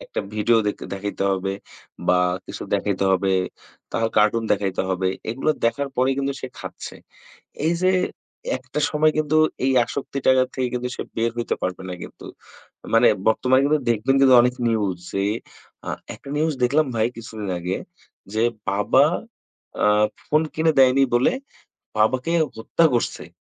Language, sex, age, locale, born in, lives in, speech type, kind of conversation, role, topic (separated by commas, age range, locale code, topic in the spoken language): Bengali, male, 20-24, bn-BD, Bangladesh, Bangladesh, conversation, unstructured, none, আপনি কি মনে করেন সামাজিক যোগাযোগমাধ্যম আমাদের যোগাযোগের ধরন বদলে দিয়েছে?